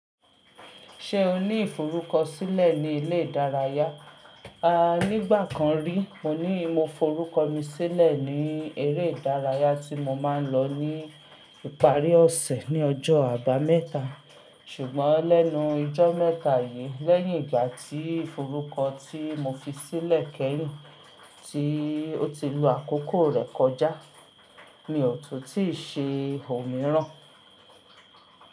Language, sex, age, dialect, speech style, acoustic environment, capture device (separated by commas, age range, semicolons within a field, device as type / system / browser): Yoruba, female, 35-44, Nigeria - Ibadan, focused, silence, mobile / Linux / Chrome